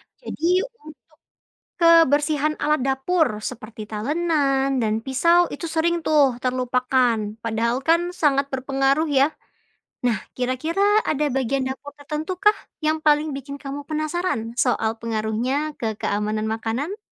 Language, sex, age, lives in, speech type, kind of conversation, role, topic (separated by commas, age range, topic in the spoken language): Indonesian, female, 25-29, Indonesia, read, expert-assistant, expert, Bagaimana Cara Memastikan Keamanan Pangan di Rumah?